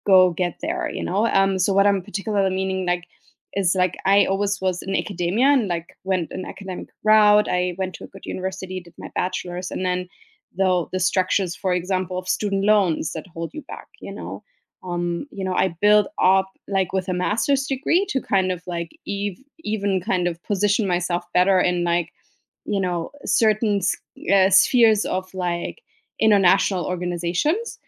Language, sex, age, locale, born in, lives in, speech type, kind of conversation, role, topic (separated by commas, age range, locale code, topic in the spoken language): English, female, 35-39, en-DE, Germany, United States, conversation, unstructured, none, What is one goal-setting challenge that makes you angry?